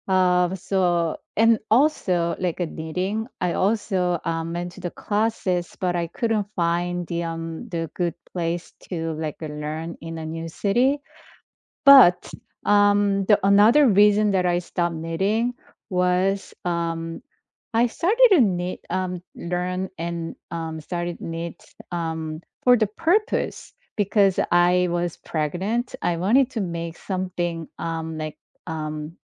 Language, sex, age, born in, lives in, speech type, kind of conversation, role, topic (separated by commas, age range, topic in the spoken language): English, female, 50-54, South Korea, United States, conversation, unstructured, none, What makes someone give up on a hobby they once loved?